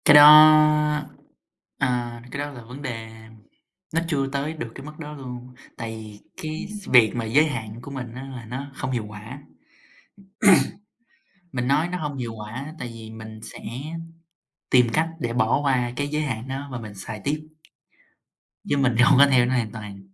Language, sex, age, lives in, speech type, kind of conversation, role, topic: Vietnamese, male, 18-19, United States, conversation, unstructured, none, Bạn quản lý thời gian sử dụng các thiết bị công nghệ như thế nào?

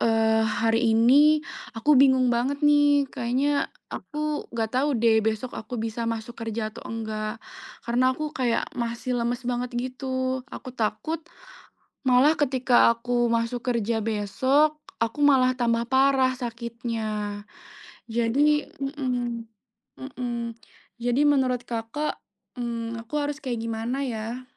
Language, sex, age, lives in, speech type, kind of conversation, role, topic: Indonesian, female, 25-29, Indonesia, conversation, advice, user, Bagaimana cara mengatasi rasa takut kembali bekerja setelah cuti karena khawatir kelelahan kambuh?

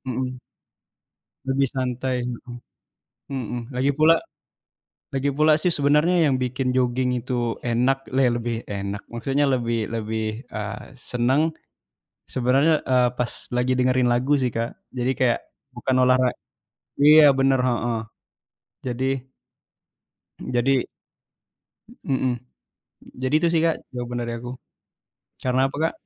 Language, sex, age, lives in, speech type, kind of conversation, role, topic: Indonesian, male, 20-24, Indonesia, conversation, unstructured, none, Aktivitas fisik apa yang selalu membuatmu merasa bahagia?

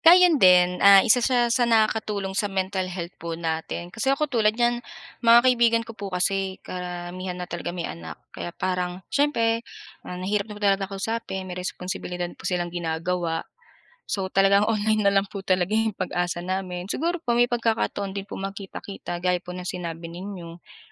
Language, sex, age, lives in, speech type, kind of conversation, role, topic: Filipino, female, 25-29, Philippines, conversation, unstructured, none, Paano mo pinahahalagahan ang iyong kalusugang pangkaisipan araw-araw?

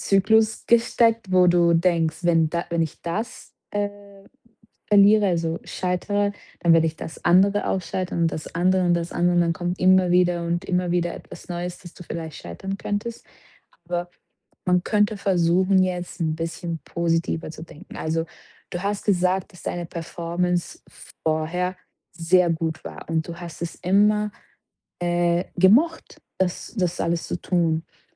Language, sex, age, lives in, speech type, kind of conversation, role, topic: German, female, 25-29, Portugal, conversation, advice, advisor, Wie beschreibst du deine neue Rolle als Teamleiterin oder Teamleiter?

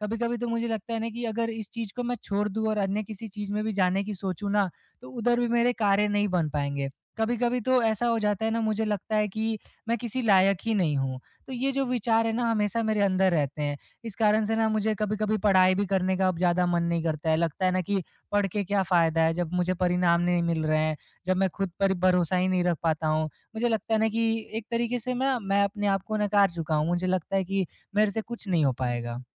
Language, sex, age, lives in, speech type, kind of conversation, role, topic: Hindi, male, 20-24, India, conversation, advice, user, मैं खुद पर अनावश्यक दबाव डाले बिना प्रेरित कैसे रह सकता/सकती हूँ?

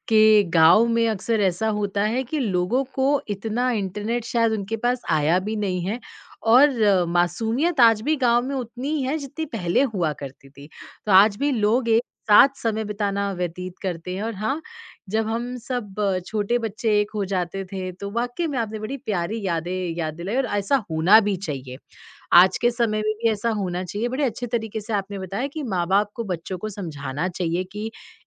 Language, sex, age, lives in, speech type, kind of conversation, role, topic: Hindi, female, 30-34, India, conversation, podcast, host, आप सोशल मीडिया के मानसिक प्रभाव को कैसे संभालते हैं?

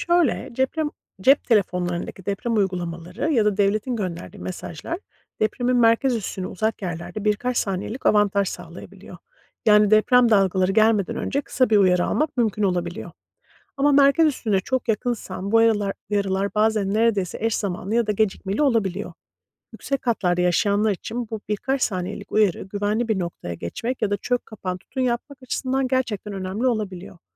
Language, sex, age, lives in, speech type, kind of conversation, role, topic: Turkish, female, 40-44, United States, read, expert-assistant, expert, Yüksek katlı binalarda deprem sırasında ne kadar güvendeyiz?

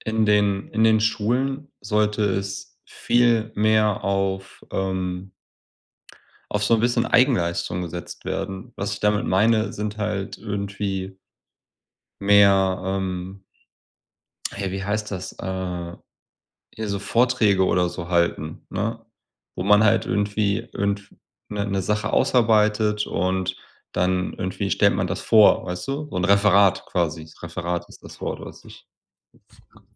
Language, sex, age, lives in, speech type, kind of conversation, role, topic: German, male, 30-34, Germany, conversation, unstructured, none, Ist das Schulsystem deiner Meinung nach zu altmodisch?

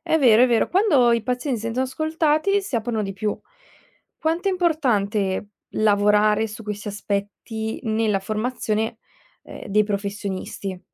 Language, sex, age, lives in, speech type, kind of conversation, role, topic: Italian, female, 20-24, Italy, read, expert-assistant, asker, Cosa significa davvero rispettare i desideri di un paziente?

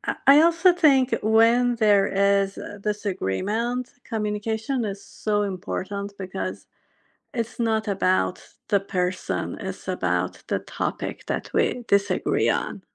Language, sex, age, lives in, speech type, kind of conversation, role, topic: English, female, 45-49, United States, conversation, unstructured, none, How important is communication between partners?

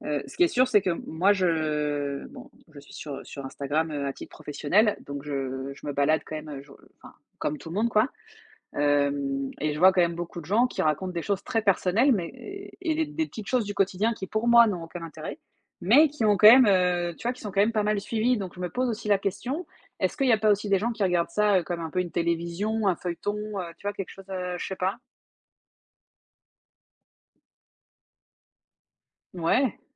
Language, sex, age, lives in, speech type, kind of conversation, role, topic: French, female, 35-39, France, conversation, unstructured, none, Penses-tu que les gens parlent trop de leur vie en ligne ?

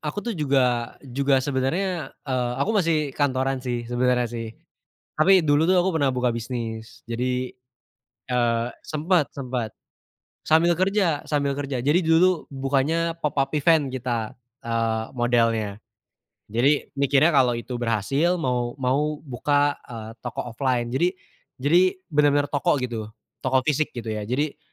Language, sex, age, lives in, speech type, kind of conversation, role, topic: Indonesian, male, 20-24, Indonesia, conversation, unstructured, none, Apa yang membuat kamu merasa paling menjadi diri sendiri?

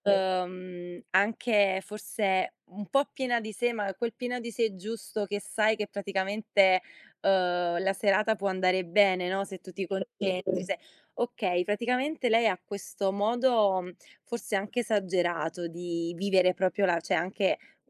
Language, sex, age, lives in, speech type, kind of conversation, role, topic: Italian, female, 25-29, Italy, conversation, unstructured, none, Come ti senti quando riesci a esprimerti liberamente?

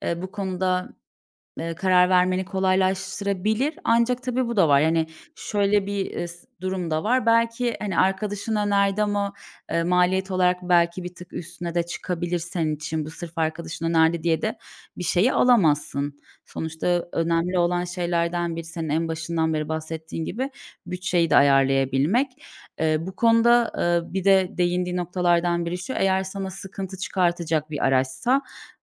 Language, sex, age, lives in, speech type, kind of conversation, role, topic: Turkish, female, 30-34, Bulgaria, conversation, advice, advisor, Büyük bir harcama için nasıl birikim yapacağımı nasıl netleştirebilirim?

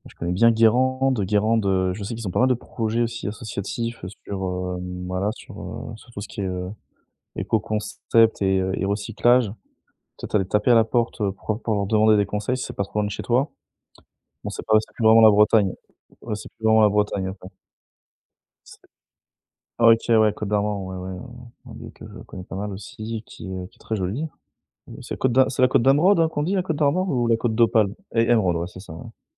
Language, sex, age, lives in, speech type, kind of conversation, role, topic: French, male, 30-34, France, conversation, advice, advisor, Comment faire en sorte que mes actions reflètent réellement mes valeurs au quotidien ?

